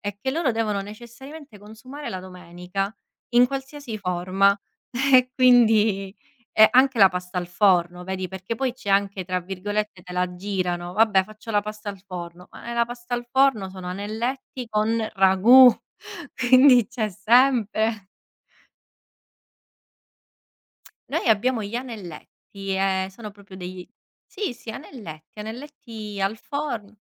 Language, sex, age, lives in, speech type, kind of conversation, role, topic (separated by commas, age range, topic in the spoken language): Italian, female, 30-34, Italy, conversation, podcast, guest, Quali sapori associ alle domeniche in famiglia?